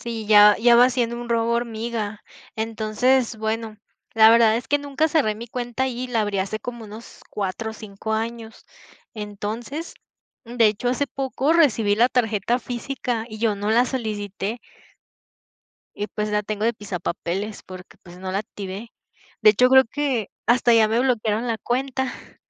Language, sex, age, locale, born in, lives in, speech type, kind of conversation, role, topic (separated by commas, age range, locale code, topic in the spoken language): Spanish, female, 20-24, es-MX, Mexico, Mexico, conversation, unstructured, none, ¿Cómo decides en qué gastar tu dinero cada mes?